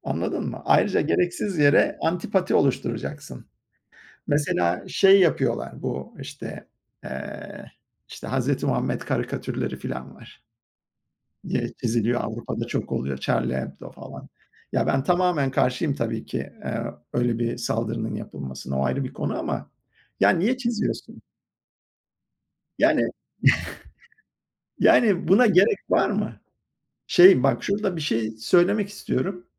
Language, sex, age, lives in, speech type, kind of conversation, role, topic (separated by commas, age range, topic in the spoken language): Turkish, male, 50-54, United States, conversation, unstructured, none, Din, insanları neden bazen ikiye böler?